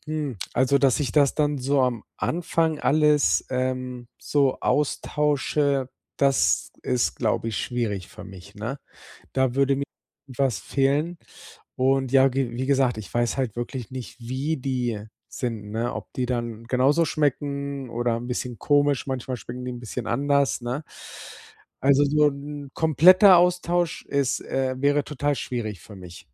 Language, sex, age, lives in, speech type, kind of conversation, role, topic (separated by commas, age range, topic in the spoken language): German, male, 40-44, Spain, conversation, advice, user, Wie kann ich meine Alltagsgewohnheiten beobachten und Muster im Alltag erkennen?